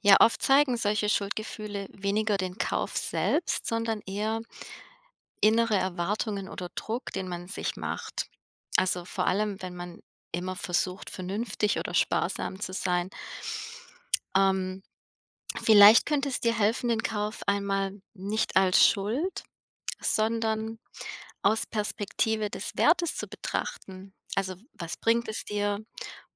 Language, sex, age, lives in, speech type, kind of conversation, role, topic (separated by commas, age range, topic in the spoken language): German, female, 35-39, United States, conversation, advice, advisor, Wie gehe ich mit Schuldgefühlen nach einem teuren Kauf um?